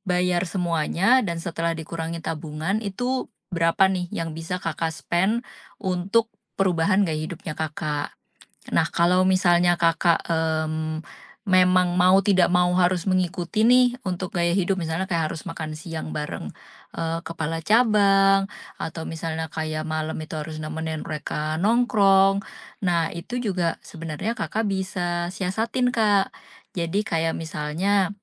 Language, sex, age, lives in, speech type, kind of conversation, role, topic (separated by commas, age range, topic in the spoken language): Indonesian, female, 35-39, Indonesia, conversation, advice, advisor, Bagaimana perubahan keuangan besar memaksa Anda menyesuaikan gaya hidup?